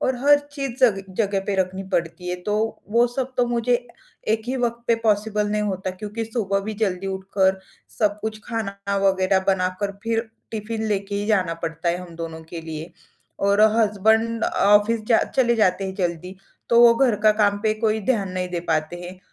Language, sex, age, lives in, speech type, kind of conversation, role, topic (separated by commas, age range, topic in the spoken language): Hindi, female, 25-29, India, conversation, advice, user, मैं घर या कार्यालय को रोज़ व्यवस्थित रखने की आदत कैसे बना सकता/सकती हूँ?